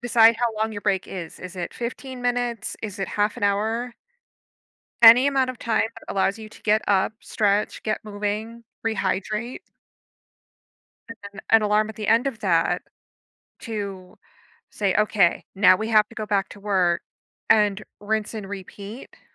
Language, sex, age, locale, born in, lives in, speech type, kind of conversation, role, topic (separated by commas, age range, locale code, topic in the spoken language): English, female, 30-34, en-US, United States, United States, conversation, advice, advisor, How can I balance work and personal life when I feel overwhelmed and worried about missing important moments at home?